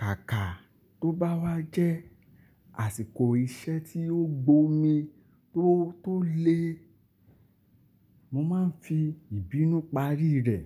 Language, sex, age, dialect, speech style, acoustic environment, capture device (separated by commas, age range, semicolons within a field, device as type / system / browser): Yoruba, male, 25-34, Nigeria - Lagos Yoruba, relaxed; focused, silence, desktop / Linux / Chrome